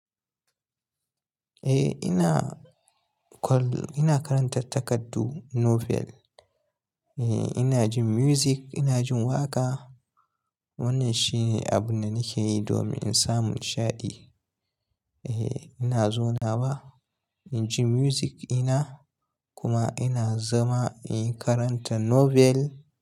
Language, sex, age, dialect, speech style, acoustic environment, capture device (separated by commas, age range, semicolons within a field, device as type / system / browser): Hausa, male, 25-34, Nigeria - Kano, relaxed, nature, mobile / Linux / Chrome